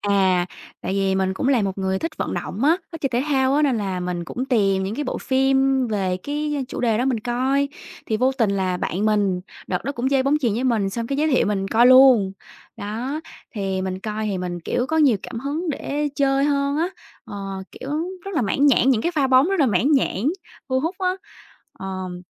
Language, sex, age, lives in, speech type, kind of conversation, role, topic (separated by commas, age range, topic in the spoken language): Vietnamese, female, 25-29, Vietnam, conversation, unstructured, none, Bộ phim hoạt hình nào bạn xem hồi nhỏ mà đến giờ vẫn nhớ mãi?